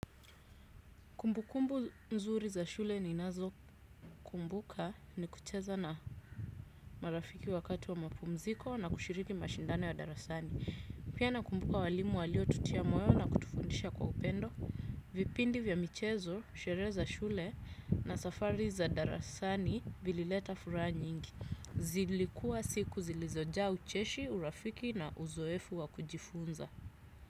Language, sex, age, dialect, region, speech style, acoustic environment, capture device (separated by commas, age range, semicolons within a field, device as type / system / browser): Swahili, female, 35-44, Kenya - Nairobi Sheng-influenced, Kenya, happy, silence, desktop / Windows / Chrome